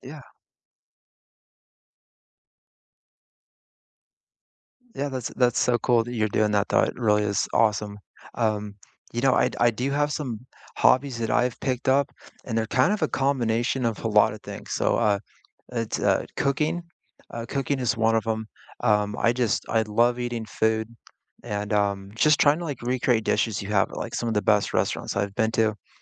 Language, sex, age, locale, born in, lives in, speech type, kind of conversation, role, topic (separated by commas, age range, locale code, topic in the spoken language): English, male, 40-44, en-US, United States, United States, conversation, unstructured, none, What hobby have you picked up recently, and how is it going?